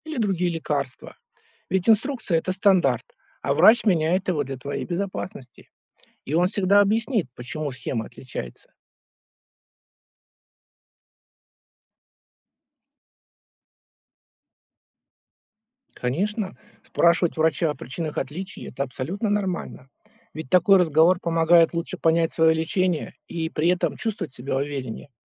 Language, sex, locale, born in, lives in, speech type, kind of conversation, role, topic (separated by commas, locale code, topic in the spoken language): Russian, male, ru-RU, Russia, United States, read, expert-assistant, expert, Почему пациенты иногда получают противоречивые инструкции от сотрудников одной и той же клиники?